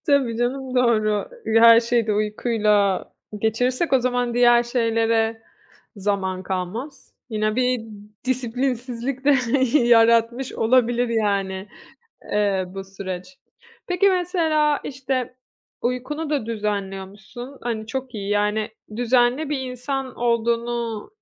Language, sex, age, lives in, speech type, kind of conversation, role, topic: Turkish, female, 30-34, Italy, conversation, podcast, host, Motivasyonun düştüğünde kendini nasıl yeniden canlandırırsın?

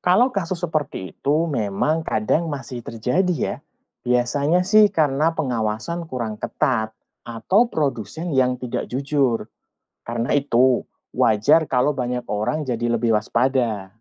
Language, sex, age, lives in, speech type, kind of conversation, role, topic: Indonesian, male, 40-44, Indonesia, read, expert-assistant, expert, Apa saja risiko dan tips aman menggunakan ramuan tradisional di era modern?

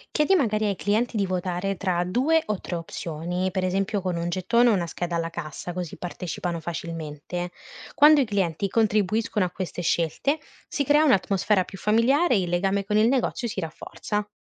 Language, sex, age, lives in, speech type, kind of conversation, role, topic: Italian, female, 20-24, Italy, read, expert-assistant, expert, Quali idee insolite potremmo provare per far conoscere un nuovo prodotto senza usare la pubblicità tradizionale?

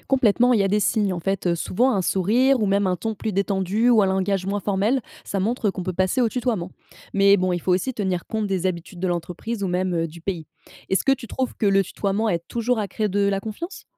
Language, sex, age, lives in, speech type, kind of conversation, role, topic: French, female, 25-29, France, read, expert-assistant, expert, Peux-tu donner une situation où le tutoiement et le vouvoiement changent vraiment la conversation ?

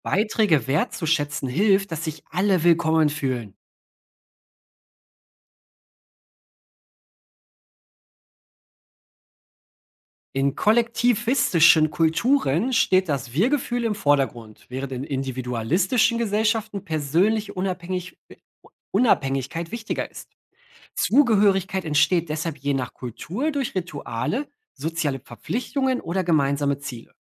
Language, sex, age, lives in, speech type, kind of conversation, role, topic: German, male, 30-34, Germany, read, expert-assistant, expert, Wie beeinflussen Familie, Freundeskreis und Arbeitsplatz unser Gefühl von Zugehörigkeit in der Gesellschaft?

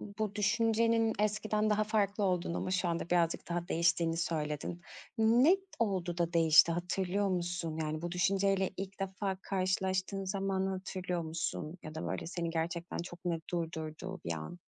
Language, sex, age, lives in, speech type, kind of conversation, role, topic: Turkish, female, 35-39, Greece, conversation, podcast, host, Ya yanlış olursa? düşüncesi seni durdurur mu?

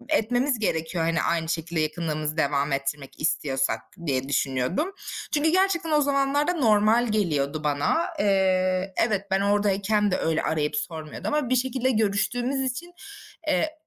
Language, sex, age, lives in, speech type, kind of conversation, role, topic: Turkish, female, 25-29, Germany, conversation, advice, user, Uzak biriyle iletişimi yeniden nasıl kurabilirsiniz?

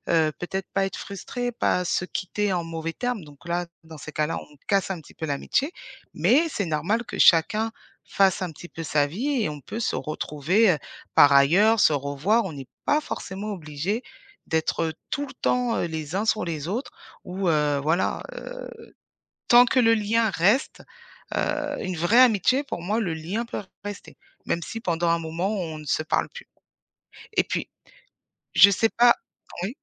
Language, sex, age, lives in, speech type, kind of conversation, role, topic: French, female, 35-39, France, conversation, unstructured, none, Comment décrirais-tu une bonne amitié, selon toi ?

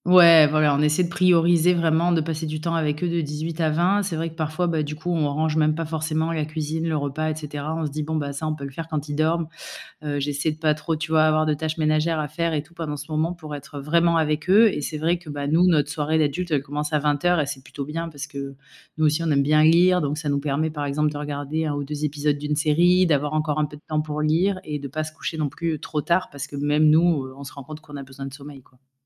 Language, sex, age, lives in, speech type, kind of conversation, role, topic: French, female, 35-39, France, conversation, podcast, guest, Quelles routines aident à respecter l’heure du coucher ?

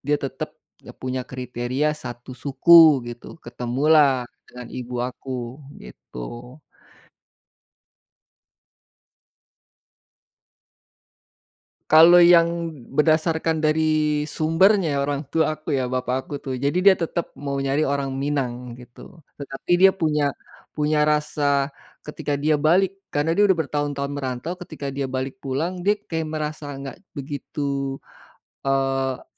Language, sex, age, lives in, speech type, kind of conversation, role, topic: Indonesian, male, 45-49, Indonesia, conversation, podcast, guest, Bisakah kamu menceritakan pengalamanmu tumbuh dalam dua budaya yang berbeda?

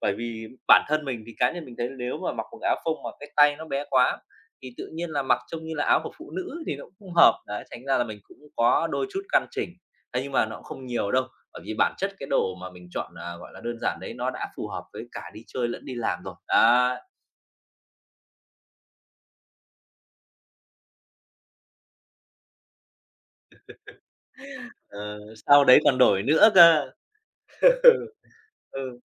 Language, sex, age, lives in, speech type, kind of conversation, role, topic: Vietnamese, male, 30-34, Vietnam, conversation, podcast, guest, Bạn thường lấy cảm hứng về phong cách từ đâu?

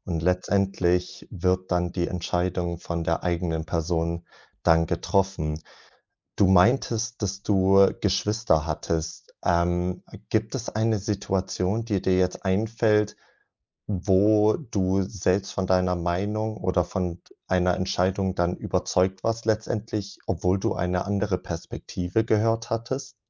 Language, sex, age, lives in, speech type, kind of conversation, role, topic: German, male, 20-24, Germany, conversation, podcast, host, Wer beeinflusst deine wichtigen Entscheidungen am meisten?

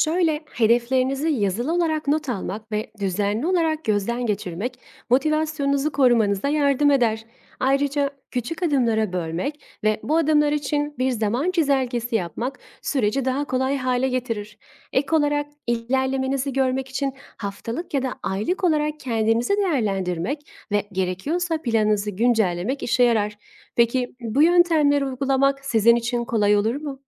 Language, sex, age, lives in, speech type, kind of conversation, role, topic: Turkish, female, 25-29, Italy, read, expert-assistant, expert, Hedef belirlemenin önemi nedir?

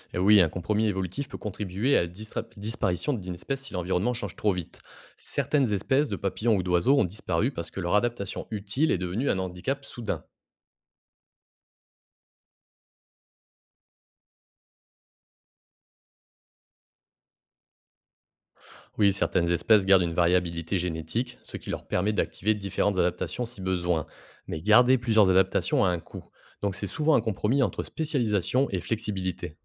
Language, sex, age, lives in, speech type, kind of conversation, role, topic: French, male, 30-34, France, read, expert-assistant, expert, Changer pour survivre : l’évolution, c’est toujours positif ou il y a des risques ?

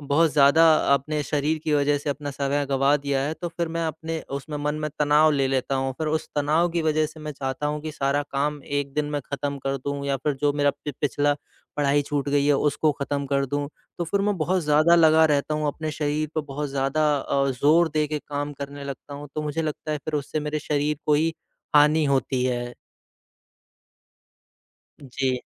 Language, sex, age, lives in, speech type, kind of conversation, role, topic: Hindi, male, 25-29, India, conversation, advice, user, मैं अपने शरीर को जल्दी और आसानी से कैसे आराम दे सकता हूँ?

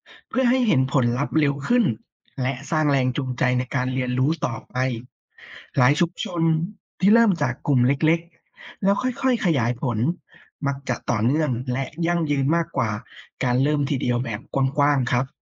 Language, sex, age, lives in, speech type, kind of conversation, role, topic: Thai, female, 30-34, Thailand, read, expert-assistant, expert, เทคโนโลยีใหม่ช่วยต่อยอดสินค้าท้องถิ่นในชุมชนได้อย่างไร?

